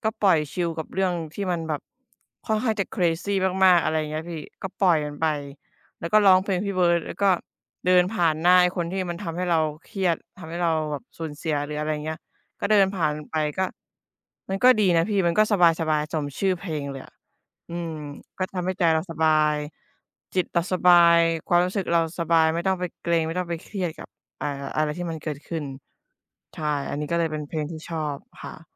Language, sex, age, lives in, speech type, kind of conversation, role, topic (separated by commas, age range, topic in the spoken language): Thai, female, 25-29, Thailand, conversation, unstructured, none, เพลงแนวไหนที่ฟังแล้วทำให้คุณรู้สึกดี?